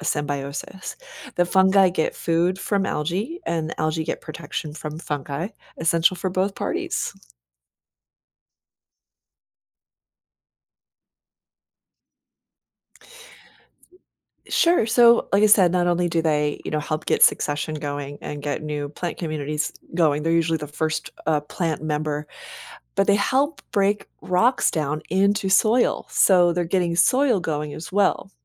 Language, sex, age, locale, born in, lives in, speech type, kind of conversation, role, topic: English, female, 45-49, en-US, United States, United States, read, expert-assistant, expert, What are the different types of animal symbiosis and how do they benefit organisms?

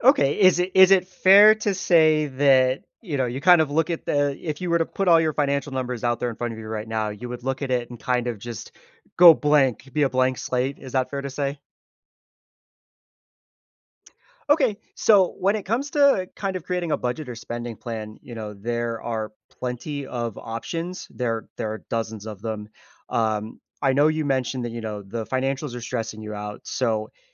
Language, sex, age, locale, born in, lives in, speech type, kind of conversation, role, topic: English, male, 30-34, en-US, United States, United States, conversation, advice, advisor, How can I reduce anxiety and feel more secure when my finances are uncertain?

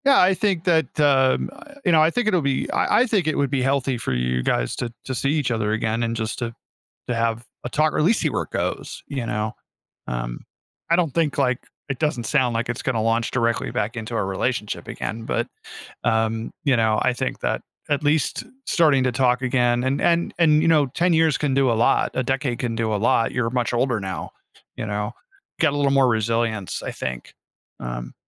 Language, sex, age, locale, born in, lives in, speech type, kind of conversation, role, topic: English, male, 40-44, en-US, United States, United States, conversation, advice, advisor, How can I reconnect with an old friend?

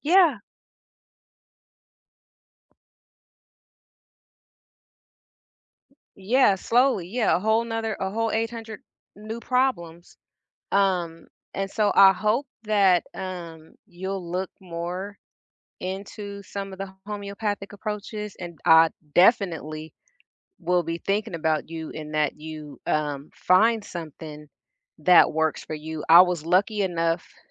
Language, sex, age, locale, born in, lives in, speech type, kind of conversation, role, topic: English, female, 50-54, en-US, United States, United States, conversation, unstructured, none, What scares you most about the future of your physical health?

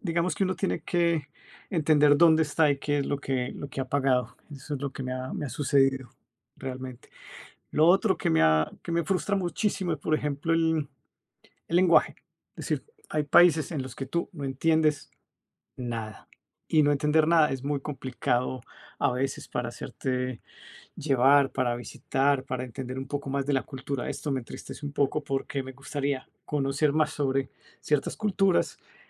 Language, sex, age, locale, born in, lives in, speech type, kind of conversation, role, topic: Spanish, male, 45-49, es-CO, Colombia, Italy, conversation, unstructured, none, ¿Qué ha sido lo más frustrante que te ha pasado al viajar?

